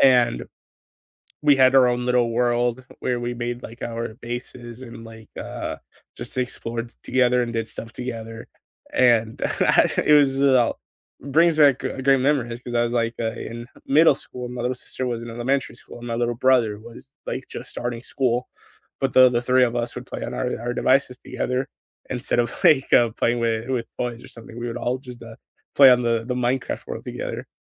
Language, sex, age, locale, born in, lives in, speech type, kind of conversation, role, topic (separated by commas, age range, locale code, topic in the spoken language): English, male, 20-24, en-US, United States, United States, conversation, unstructured, none, What video games have brought you closer to friends or family?